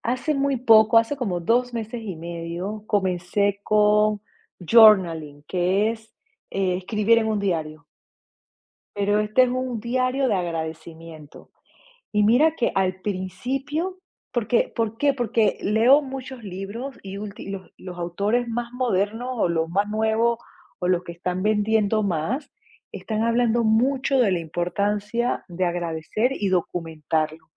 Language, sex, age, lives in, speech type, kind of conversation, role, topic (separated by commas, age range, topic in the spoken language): Spanish, female, 45-49, United States, conversation, podcast, guest, ¿Qué consejo darías para apreciar más lo cotidiano cada día?